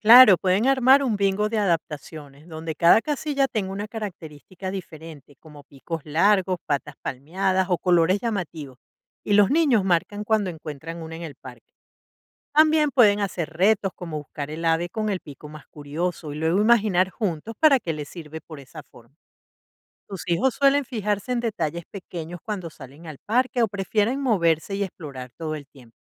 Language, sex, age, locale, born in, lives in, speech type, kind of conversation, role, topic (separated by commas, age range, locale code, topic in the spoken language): Spanish, female, 55-59, es-VE, Venezuela, United States, read, expert-assistant, expert, ¿Cómo planificarías una actividad familiar para explorar juntos la evolución de las especies?